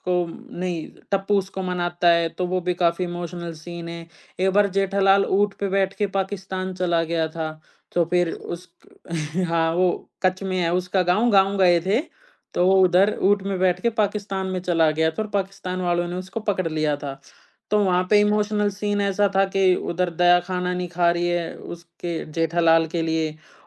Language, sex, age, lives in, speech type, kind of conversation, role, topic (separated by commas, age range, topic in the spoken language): Hindi, male, 20-24, India, conversation, podcast, guest, Kaunsa TV serial tumhe sabse zyada baandh kar rakhta tha?